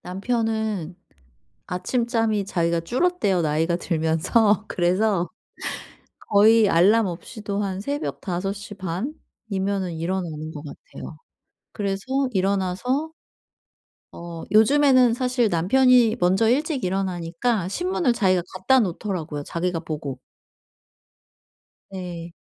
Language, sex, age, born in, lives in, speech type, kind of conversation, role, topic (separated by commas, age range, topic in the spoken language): Korean, female, 40-44, South Korea, South Korea, conversation, advice, user, 변화가 많은 상황에서 일상 루틴을 어떻게 유지할 수 있을까요?